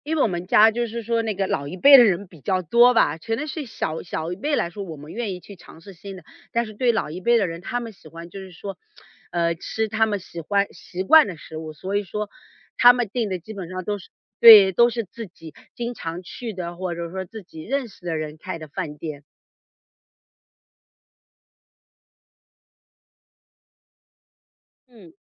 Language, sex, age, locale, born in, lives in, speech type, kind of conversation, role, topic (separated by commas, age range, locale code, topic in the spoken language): Chinese, female, 40-44, zh-CN, China, United States, conversation, podcast, guest, 过节时你们家一定会做什么？